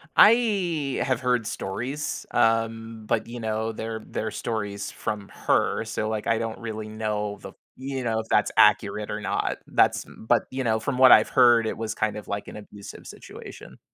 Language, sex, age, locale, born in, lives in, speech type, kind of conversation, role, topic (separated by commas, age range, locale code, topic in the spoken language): English, male, 35-39, en-US, United States, United States, conversation, advice, user, How can I stop feeling stuck in a cycle of stress?